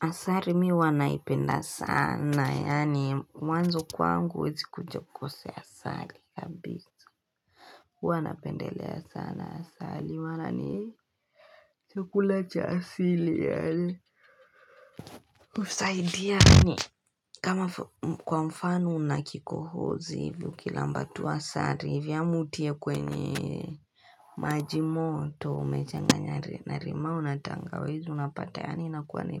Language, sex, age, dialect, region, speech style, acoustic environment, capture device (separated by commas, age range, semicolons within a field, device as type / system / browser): Swahili, female, 18-24, Kenya - Nairobi Sheng-influenced, Kenya, happy, silence, desktop / Linux / Chrome